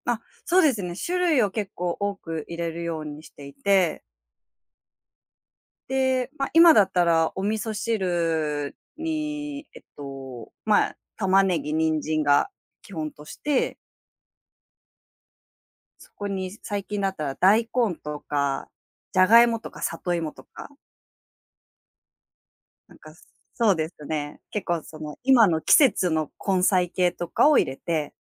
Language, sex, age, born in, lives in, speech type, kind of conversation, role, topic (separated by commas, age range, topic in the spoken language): Japanese, female, 30-34, Japan, Japan, conversation, podcast, guest, 毎日の食事で大切にしていることは何ですか？